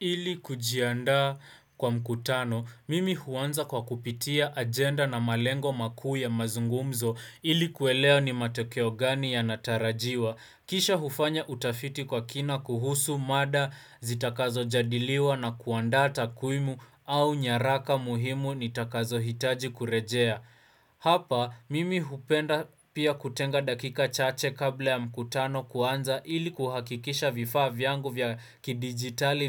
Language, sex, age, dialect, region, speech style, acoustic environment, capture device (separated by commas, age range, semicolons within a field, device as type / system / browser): Swahili, male, 18-24, Kenya - Nairobi Sheng-influenced, Kenya, focused, silence, desktop / Windows / Chrome